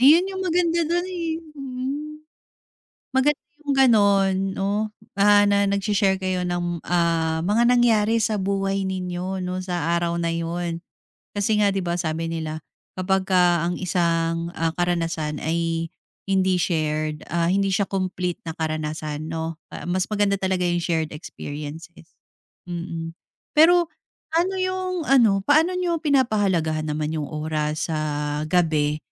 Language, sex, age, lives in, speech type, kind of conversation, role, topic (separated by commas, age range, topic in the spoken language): Filipino, female, 35-39, Philippines, conversation, podcast, host, Ano ang ginagawa ninyo para magkaroon ng makabuluhang oras na magkakasama ang pamilya gabi-gabi?